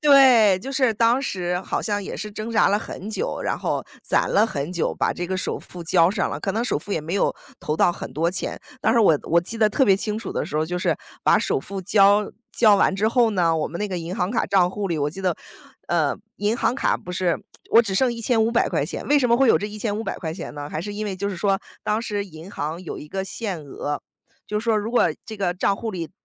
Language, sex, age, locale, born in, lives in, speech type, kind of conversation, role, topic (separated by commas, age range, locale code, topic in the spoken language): Chinese, female, 35-39, zh-CN, United States, United States, conversation, podcast, guest, 你怎么看待金钱与幸福之间的关系？